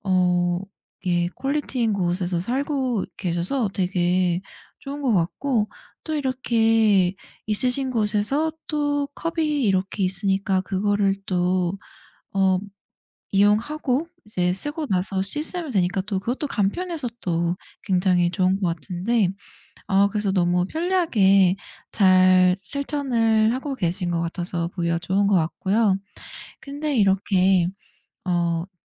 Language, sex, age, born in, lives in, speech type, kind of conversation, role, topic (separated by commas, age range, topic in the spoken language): Korean, female, 30-34, South Korea, United States, conversation, podcast, host, 일회용 컵 사용을 줄이기 위해 현실적으로 실천할 수 있는 방법은 무엇인가요?